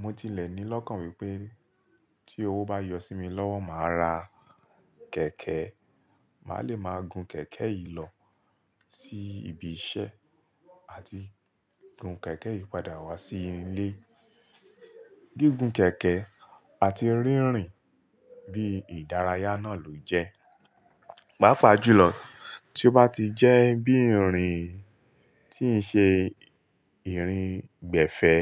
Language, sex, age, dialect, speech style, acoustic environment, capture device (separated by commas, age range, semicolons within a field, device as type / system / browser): Yoruba, male, 35-44, Nigeria - Lagos Yoruba, focused; relaxed, silence, mobile / Linux / Chrome